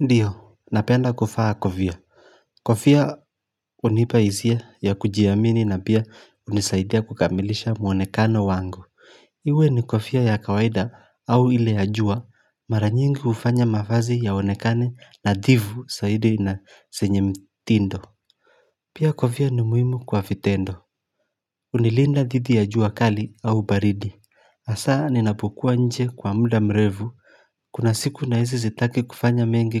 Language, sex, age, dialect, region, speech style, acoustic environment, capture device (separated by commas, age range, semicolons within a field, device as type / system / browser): Swahili, male, 25-34, Kenya - Mombasa, Kenya, relaxed, nature; silence, mobile / Linux / Chrome